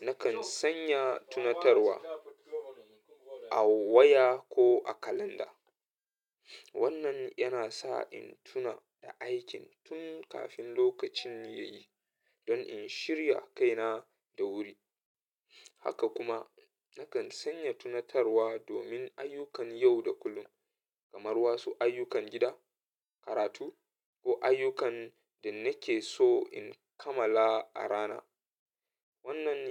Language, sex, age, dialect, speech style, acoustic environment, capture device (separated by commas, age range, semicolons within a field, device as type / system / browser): Hausa, male, 25-34, Nigeria - Katsina, happy; nervous; anxious, people talking; traffic; music; appliances, mobile / Linux / Chrome